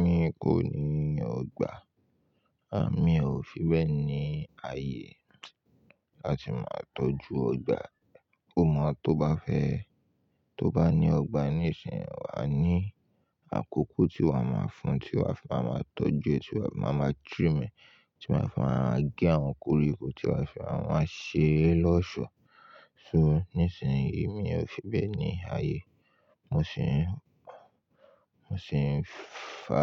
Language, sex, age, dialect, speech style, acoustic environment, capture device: Yoruba, male, 25-34, Nigeria - Ibadan, relaxed; tired, silence; nature, mobile / Linux / Chrome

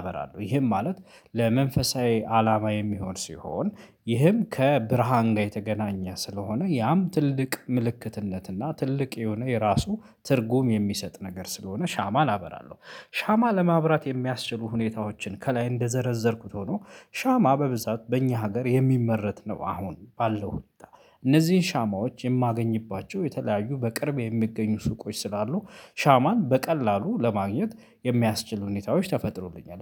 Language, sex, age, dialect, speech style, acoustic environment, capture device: Amharic, male, 25-34, Ethiopia - Addis Ababa, focused, silence, mobile / Linux / Chrome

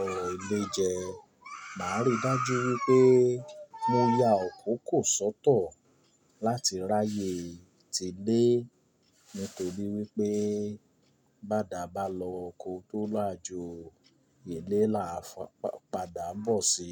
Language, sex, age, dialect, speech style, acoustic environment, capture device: Yoruba, male, 25-34, Nigeria - Nigerian Standard, happy; relaxed; excited; focused, people talking, mobile / Linux / Chrome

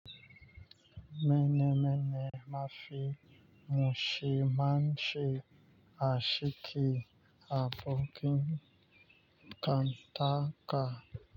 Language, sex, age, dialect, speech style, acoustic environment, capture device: Hausa, male, 25-34, Nigeria - Lagos Yoruba, relaxed, nature, mobile / Linux / Chrome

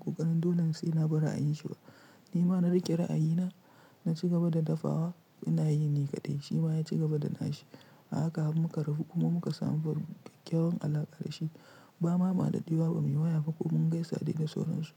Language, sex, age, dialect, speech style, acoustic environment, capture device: Hausa, male, 25-34, Nigeria - Kano, relaxed; tired; stressed; focused, silence; nature, mobile / Linux / Chrome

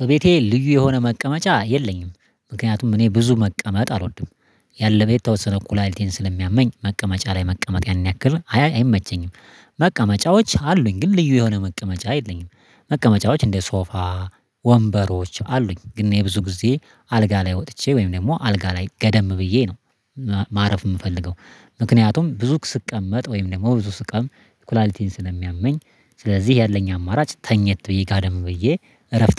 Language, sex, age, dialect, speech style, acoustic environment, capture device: Amharic, male, 25-34, Ethiopia - Addis Ababa, happy, nature, mobile / Linux / Chrome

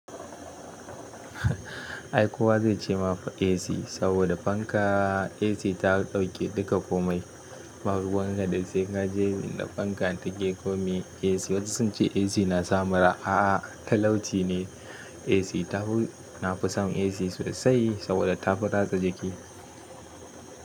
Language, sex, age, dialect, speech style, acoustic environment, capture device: Hausa, male, 25-34, Nigeria - Kano, happy, silence, mobile / Linux / Chrome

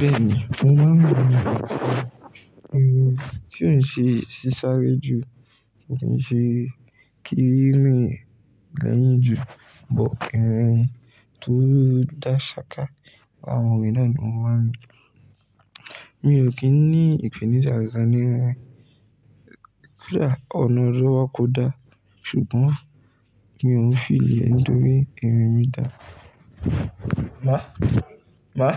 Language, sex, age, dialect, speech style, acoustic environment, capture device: Yoruba, male, 18-24, Nigeria - Ibadan, relaxed, silence, mobile / Linux / Chrome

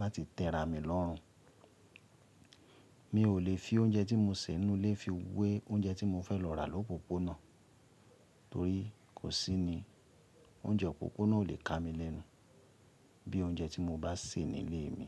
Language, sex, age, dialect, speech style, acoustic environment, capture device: Yoruba, male, 35-44, Nigeria - Ibadan, relaxed; happy, nature; people talking, mobile / Linux / Chrome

